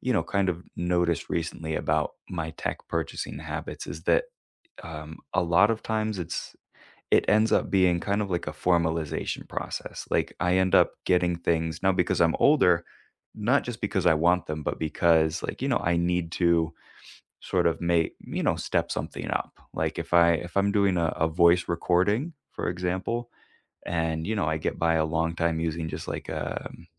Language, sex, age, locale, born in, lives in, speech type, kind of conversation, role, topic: English, male, 25-29, en-US, United States, United States, conversation, unstructured, none, What was the last tech purchase you truly don't regret?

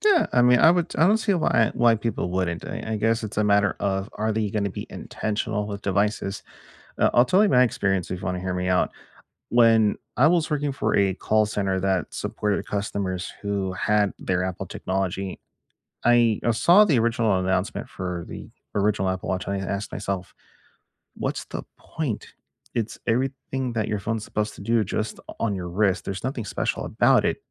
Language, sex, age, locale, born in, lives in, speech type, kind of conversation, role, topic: English, male, 40-44, en-US, United States, United States, conversation, unstructured, none, Do wearables help you build healthier habits, or do they just add another screen—what’s your real-life take?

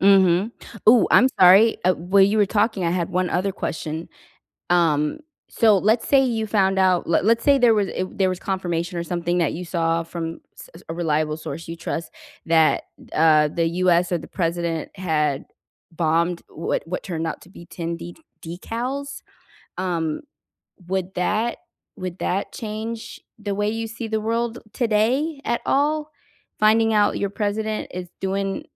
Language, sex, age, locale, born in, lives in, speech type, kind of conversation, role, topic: English, female, 40-44, en-US, United States, United States, conversation, unstructured, none, Have you ever seen a news story that changed how you see the world?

